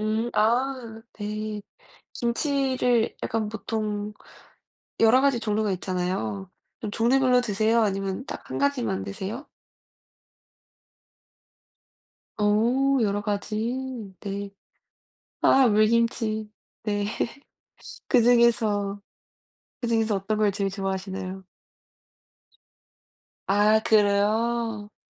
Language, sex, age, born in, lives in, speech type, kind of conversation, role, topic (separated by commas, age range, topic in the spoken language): Korean, female, 20-24, South Korea, United States, conversation, unstructured, none, 어떤 음식이 건강에 좋다고 생각하시나요?